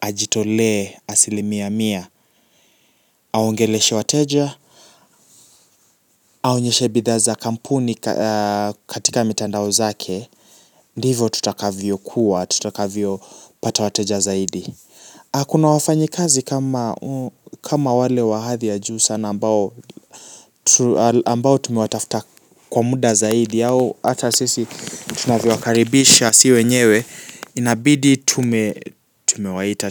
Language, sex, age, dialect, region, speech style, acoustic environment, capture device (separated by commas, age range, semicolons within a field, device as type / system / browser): Swahili, male, 18-24, Kenya - Nairobi Sheng-influenced, Kenya, focused, silence, mobile / Linux / Chrome